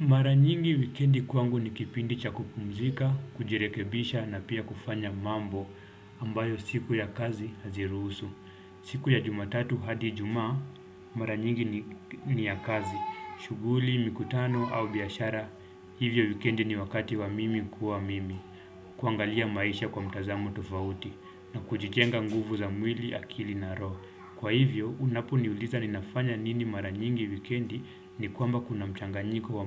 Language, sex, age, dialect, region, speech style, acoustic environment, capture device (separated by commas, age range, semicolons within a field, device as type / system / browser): Swahili, male, 25-34, Kenya - Mombasa, Kenya, happy, silence, desktop / Windows / Chrome